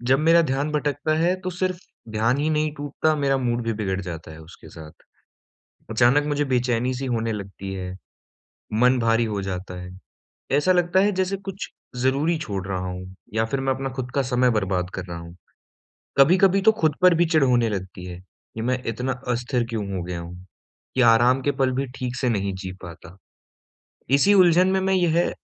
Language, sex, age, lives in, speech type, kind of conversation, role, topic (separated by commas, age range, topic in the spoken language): Hindi, male, 20-24, India, conversation, advice, user, मनोरंजन करते समय मैं अपना ध्यान कैसे बनाए रखूँ?